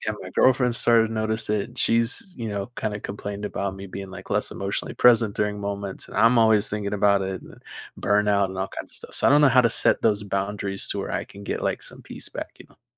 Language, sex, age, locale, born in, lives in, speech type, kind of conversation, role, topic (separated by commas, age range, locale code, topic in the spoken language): English, male, 20-24, en-US, United States, United States, conversation, advice, user, How can I set clearer time and emotional boundaries with others?